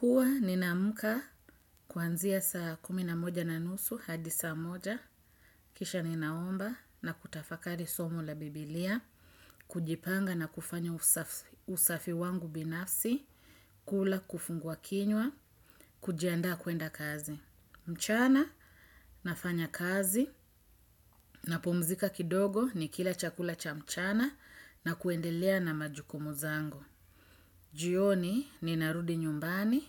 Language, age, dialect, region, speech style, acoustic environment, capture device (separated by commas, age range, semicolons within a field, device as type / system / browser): Swahili, 35-44, Kenya - Mombasa, Kenya, happy, silence, desktop / Windows / Edge